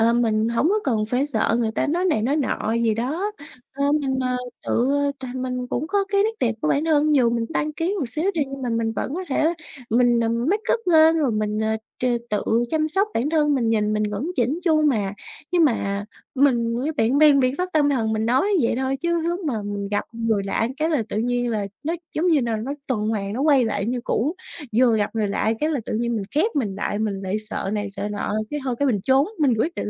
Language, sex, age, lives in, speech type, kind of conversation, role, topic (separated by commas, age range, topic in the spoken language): Vietnamese, female, 20-24, Vietnam, conversation, advice, user, Nỗi lo về ngoại hình khiến bạn tránh giao tiếp xã hội như thế nào?